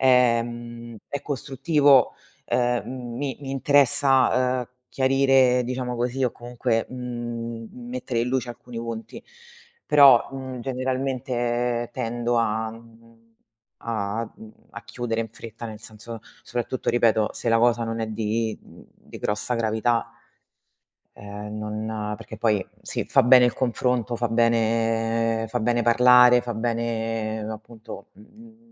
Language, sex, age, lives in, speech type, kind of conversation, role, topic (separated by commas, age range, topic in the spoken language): Italian, female, 35-39, Italy, conversation, unstructured, none, Come gestisci i litigi in una relazione?